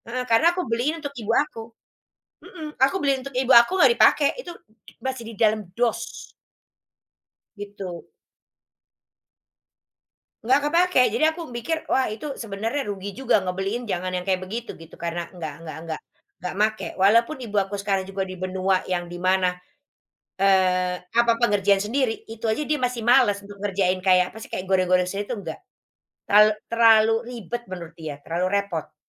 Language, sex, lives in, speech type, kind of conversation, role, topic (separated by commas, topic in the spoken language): Indonesian, female, Netherlands, conversation, unstructured, none, Apa makna tradisi keluarga dalam kehidupan sehari-harimu?